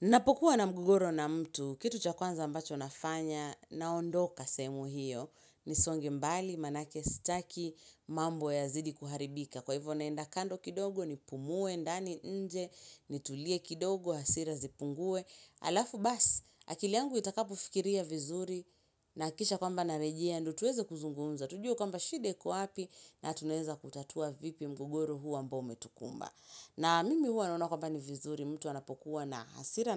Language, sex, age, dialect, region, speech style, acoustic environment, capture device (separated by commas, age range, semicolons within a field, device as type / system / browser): Swahili, female, 25-34, Kenya - Mombasa, Kenya, relaxed, silence, mobile / Linux / Chrome